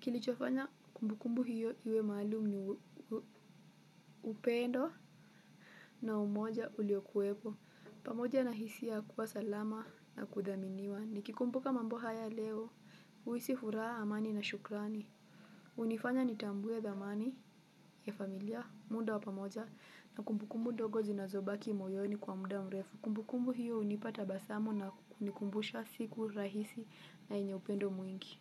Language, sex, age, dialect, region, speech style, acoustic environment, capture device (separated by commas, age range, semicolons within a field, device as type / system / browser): Swahili, female, 18-24, Kenya - Mombasa, Kenya, relaxed, nature; music, mobile / Linux / Chrome